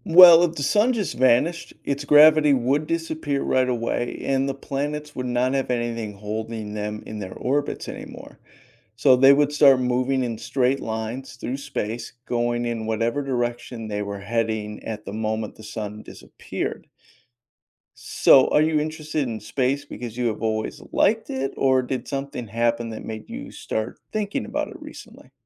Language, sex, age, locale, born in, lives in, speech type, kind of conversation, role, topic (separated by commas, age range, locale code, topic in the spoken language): English, male, 40-44, en-US, United States, United States, read, expert-assistant, expert, How does gravity keep planets orbiting the sun?